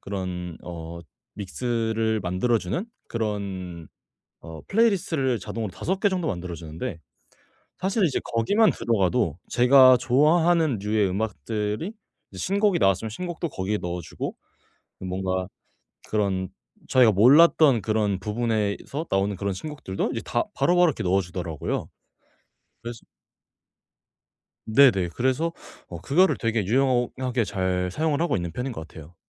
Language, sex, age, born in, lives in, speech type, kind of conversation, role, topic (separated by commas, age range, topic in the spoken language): Korean, male, 18-19, South Korea, Japan, conversation, podcast, guest, 요즘은 주로 어떤 방법으로 신곡을 찾아 들으시나요?